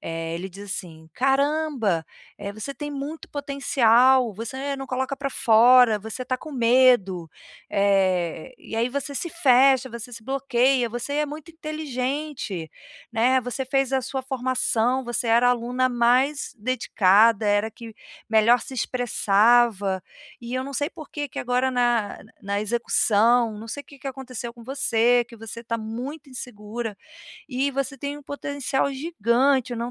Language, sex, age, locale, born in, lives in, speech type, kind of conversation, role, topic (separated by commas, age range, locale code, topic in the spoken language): Portuguese, female, 45-49, pt-BR, Brazil, Portugal, conversation, advice, user, Como posso transformar o feedback da mentoria em progresso real?